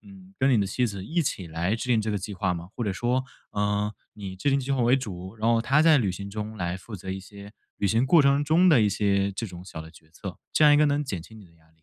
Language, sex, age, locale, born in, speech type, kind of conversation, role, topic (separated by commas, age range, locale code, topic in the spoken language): Chinese, male, 20-24, zh-CN, China, conversation, advice, advisor, 旅行时我怎么才能有效减压并放松？